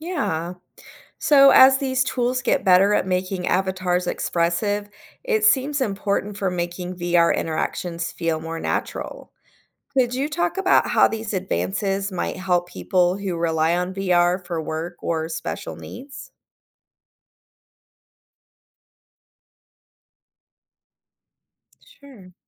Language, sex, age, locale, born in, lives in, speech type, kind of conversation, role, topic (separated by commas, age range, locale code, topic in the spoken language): English, female, 40-44, en-US, United States, United States, read, expert-assistant, asker, What are the implications of virtual reality on human interaction?